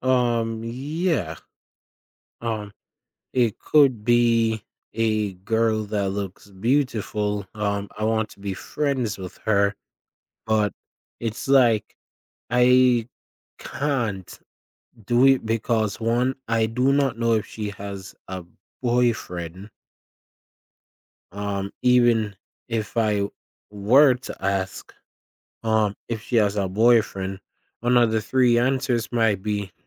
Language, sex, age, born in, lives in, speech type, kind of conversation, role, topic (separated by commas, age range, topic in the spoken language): English, male, 20-24, Jamaica, United States, conversation, advice, user, How do I make meaningful friends after moving to a new city?